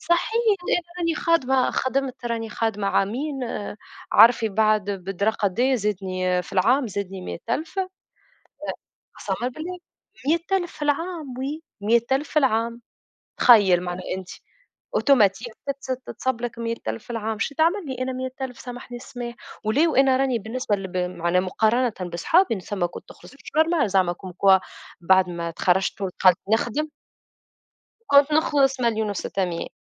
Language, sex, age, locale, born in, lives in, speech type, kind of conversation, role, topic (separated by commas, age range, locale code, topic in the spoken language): Arabic, female, 25-29, ar-TN, Tunisia, Germany, conversation, unstructured, none, إنت شايف إن الشغل بيأثر على صحتك النفسية؟